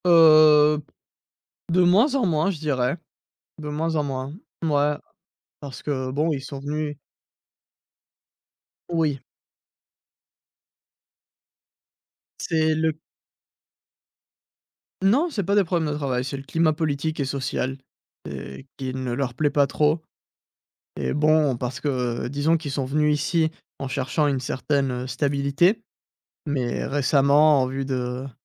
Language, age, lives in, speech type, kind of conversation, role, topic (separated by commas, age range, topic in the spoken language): French, 20-24, France, conversation, podcast, guest, Que signifie pour toi le fait d’avoir une identité mixte ?